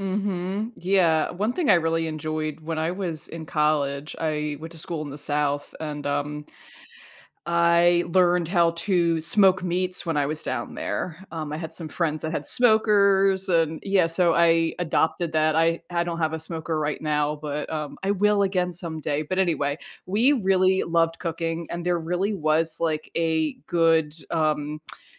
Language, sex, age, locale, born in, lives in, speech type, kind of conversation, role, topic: English, female, 40-44, en-US, United States, United States, conversation, podcast, host, What motivates people to cook at home instead of eating out?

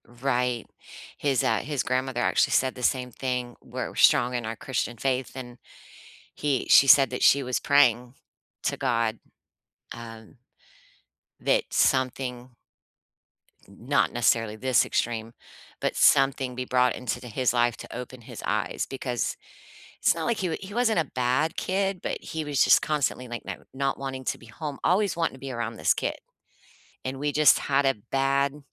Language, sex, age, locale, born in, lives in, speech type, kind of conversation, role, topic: English, female, 50-54, en-US, United States, United States, conversation, unstructured, none, Is it ever okay to lie to protect someone?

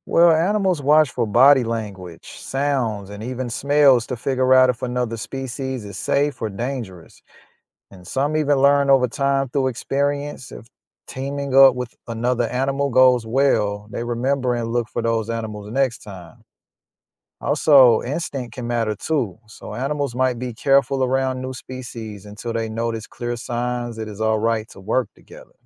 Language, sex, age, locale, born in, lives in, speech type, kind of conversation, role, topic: English, male, 35-39, en-US, United States, United States, read, expert-assistant, expert, Why do some animals live in groups but others alone?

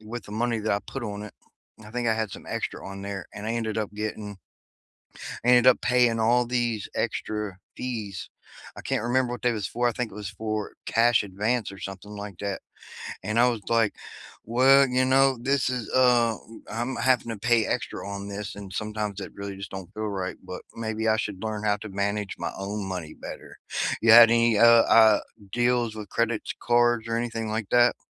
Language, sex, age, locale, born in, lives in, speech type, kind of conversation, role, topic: English, male, 40-44, en-US, United States, United States, conversation, unstructured, none, What has your experience been like trying to pay off debt?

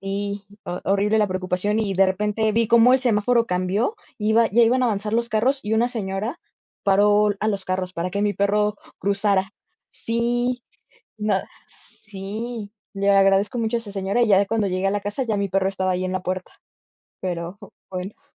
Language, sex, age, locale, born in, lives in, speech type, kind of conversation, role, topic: Spanish, female, 20-24, es-MX, Mexico, Mexico, conversation, unstructured, none, ¿Cuál es tu animal doméstico favorito y por qué?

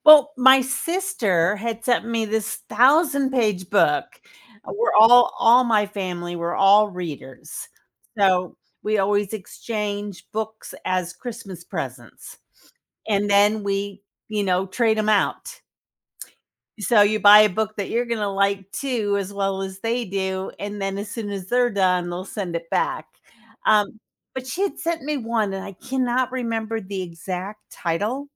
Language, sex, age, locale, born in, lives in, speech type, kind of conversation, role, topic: English, female, 60-64, en-US, United States, United States, conversation, podcast, host, What helps readers connect with characters in a story?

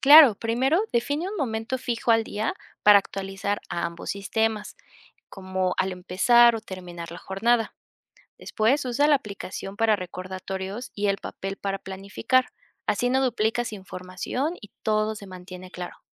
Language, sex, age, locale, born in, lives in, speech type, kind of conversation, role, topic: Spanish, female, 25-29, es-MX, Mexico, Mexico, read, expert-assistant, expert, ¿Cómo puedo saber si un libro de productividad realmente está basado en evidencia y no solo en opiniones personales?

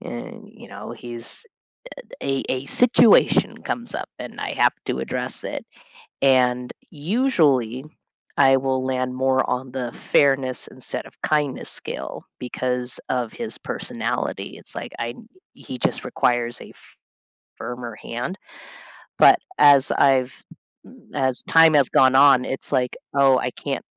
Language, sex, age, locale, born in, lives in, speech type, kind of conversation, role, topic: English, female, 35-39, en-US, United States, United States, conversation, unstructured, none, How do you balance fairness and kindness in everyday decisions?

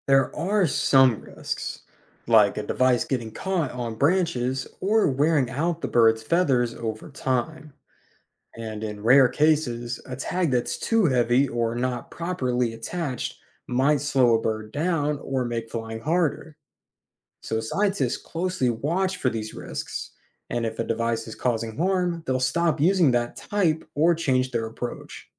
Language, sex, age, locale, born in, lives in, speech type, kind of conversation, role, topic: English, male, 20-24, en-US, United States, United States, read, expert-assistant, expert, How do birds know where to migrate?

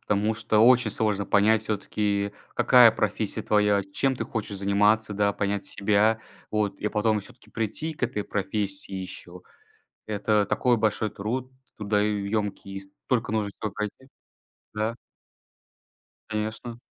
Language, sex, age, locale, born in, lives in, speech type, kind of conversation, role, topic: Russian, male, 20-24, ru-RU, Russia, Estonia, conversation, unstructured, none, Что для вас важнее в работе — деньги или удовольствие?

